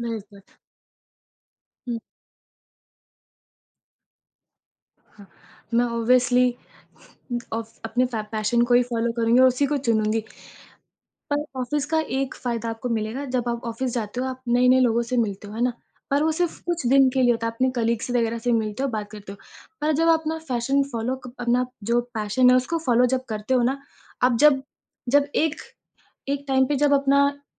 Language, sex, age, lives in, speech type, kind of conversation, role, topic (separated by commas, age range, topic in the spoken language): Hindi, female, 25-29, India, conversation, podcast, guest, Passion follow karna aur stable naukri karna—tumhara rule kya hai?